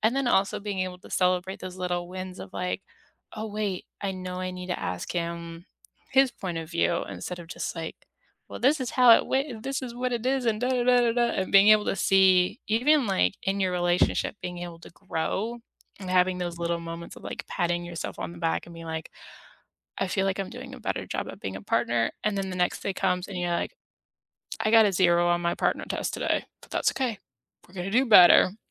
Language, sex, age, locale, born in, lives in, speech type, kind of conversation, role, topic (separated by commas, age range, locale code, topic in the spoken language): English, female, 30-34, en-US, United States, United States, conversation, unstructured, none, How do you celebrate big and small wins in your relationships?